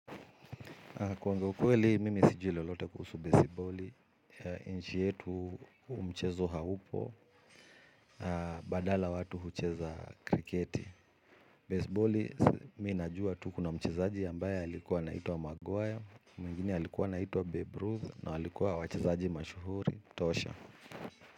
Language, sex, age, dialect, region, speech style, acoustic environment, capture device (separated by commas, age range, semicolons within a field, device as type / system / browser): Swahili, male, 35-44, Kenya - Nairobi Sheng-influenced, Kenya, relaxed, people talking, mobile / Linux / Chrome